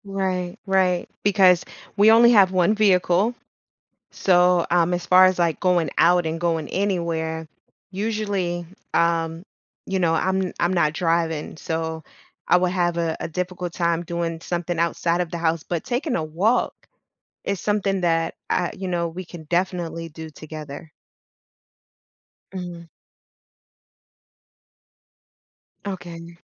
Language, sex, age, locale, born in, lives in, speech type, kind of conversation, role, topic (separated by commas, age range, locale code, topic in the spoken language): English, female, 35-39, en-US, United States, United States, conversation, advice, user, How can I make friends?